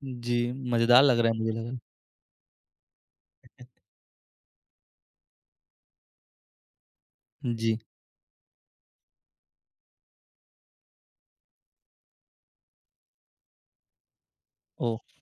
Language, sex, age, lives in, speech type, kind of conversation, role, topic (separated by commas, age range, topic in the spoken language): Hindi, male, 20-24, India, conversation, podcast, host, अंतरराष्ट्रीय व्यंजनों को स्थानीय स्वाद के अनुरूप नया रूप देने का सही तरीका क्या है?